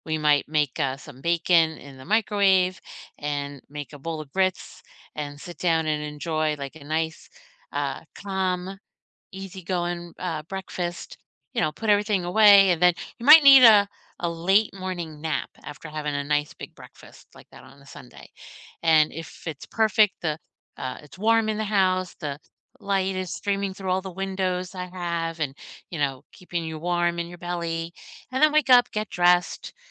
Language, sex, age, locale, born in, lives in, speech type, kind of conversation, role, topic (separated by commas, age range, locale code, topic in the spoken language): English, female, 50-54, en-US, United States, United States, conversation, unstructured, none, What does your perfect slow Sunday look like, from wake-up to wind-down, and how does it recharge you?